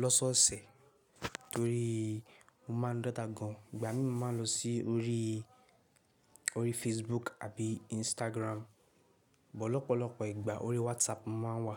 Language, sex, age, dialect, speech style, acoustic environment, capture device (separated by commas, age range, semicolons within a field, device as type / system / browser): Yoruba, male, 18-24, Nigeria - Lagos Yoruba, focused, people talking; nature, mobile / Linux / Chrome